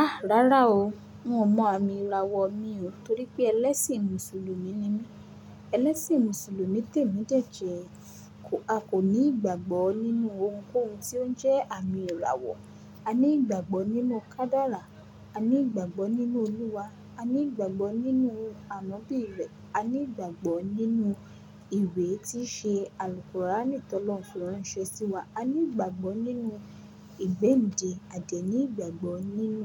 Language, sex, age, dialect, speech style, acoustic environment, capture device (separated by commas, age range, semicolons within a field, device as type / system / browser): Yoruba, female, 18-24, Nigeria - Lagos Yoruba, focused; relaxed, construction, mobile / Linux / Chrome